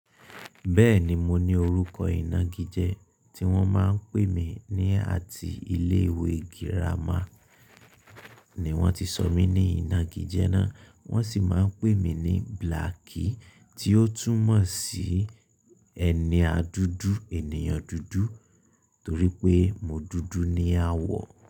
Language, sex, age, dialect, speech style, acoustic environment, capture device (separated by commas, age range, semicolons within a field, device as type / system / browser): Yoruba, male, 25-34, Nigeria - Ibadan, focused, appliances, mobile / Linux / Chrome